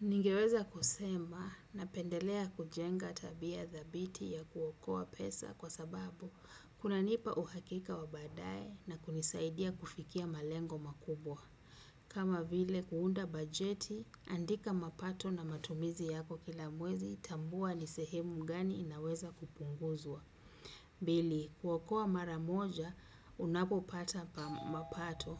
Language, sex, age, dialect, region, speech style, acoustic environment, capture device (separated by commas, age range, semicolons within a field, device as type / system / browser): Swahili, female, 35-44, Kenya - Mombasa, Kenya, happy, silence, desktop / Windows / Chrome